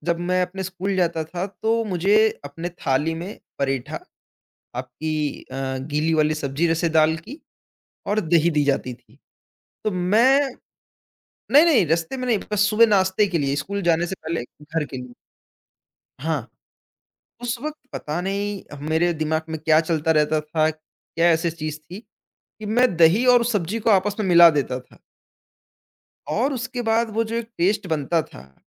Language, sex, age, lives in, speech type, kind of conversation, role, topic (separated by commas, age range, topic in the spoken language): Hindi, male, 20-24, India, conversation, podcast, guest, Bachpan ki kaunsi khushboo kisi dish se judi hui hai?